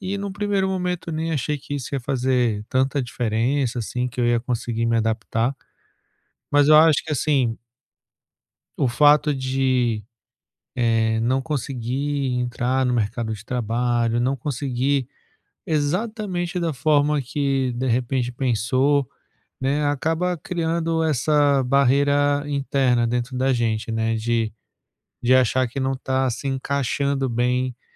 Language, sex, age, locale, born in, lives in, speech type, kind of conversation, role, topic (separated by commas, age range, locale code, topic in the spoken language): Portuguese, male, 35-39, pt-BR, Brazil, France, conversation, advice, user, Como posso aumentar minha resiliência emocional diante de grandes mudanças na vida?